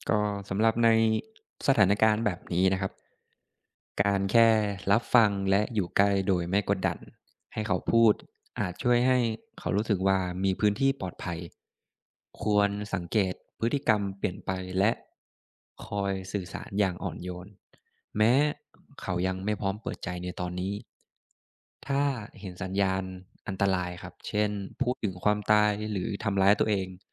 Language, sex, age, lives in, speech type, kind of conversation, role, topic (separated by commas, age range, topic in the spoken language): Thai, male, 25-29, Thailand, read, expert-assistant, expert, วิธีสังเกตอาการของโรคซึมเศร้า